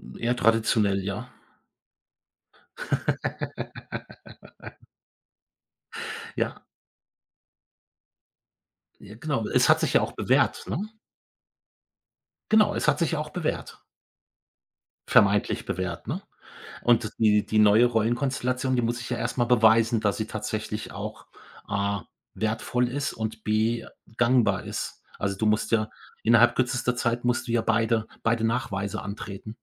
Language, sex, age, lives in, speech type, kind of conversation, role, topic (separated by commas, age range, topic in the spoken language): German, male, 55-59, Germany, conversation, podcast, guest, Welche Veränderung hat dein Leben komplett umgekrempelt?